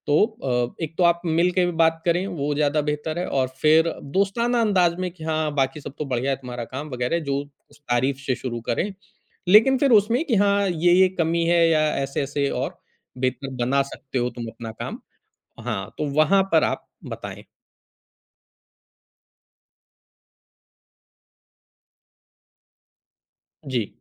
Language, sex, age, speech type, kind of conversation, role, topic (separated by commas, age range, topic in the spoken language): Hindi, male, 40-44, conversation, podcast, guest, आप प्रतिक्रिया लेने और देने का तरीका कैसे अपनाते हैं?